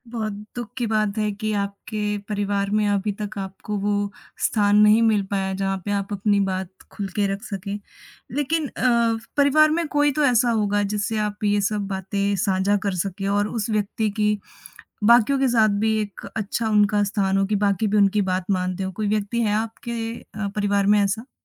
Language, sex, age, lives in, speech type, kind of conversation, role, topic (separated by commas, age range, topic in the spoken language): Hindi, female, 20-24, India, conversation, advice, advisor, मैं दूसरों को खुश करने के लिए ‘ना’ कहने में कठिनाई और अपनी सीमाएँ तय न कर पाने की समस्या से कैसे निपटूँ?